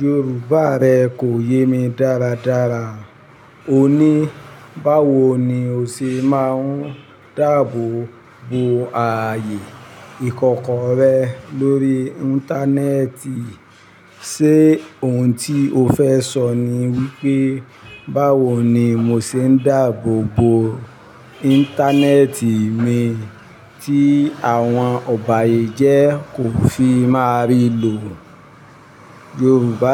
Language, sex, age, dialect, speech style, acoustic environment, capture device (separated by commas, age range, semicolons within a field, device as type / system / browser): Yoruba, male, 45-54, Nigeria - Ibadan, happy, traffic, mobile / Linux / Chrome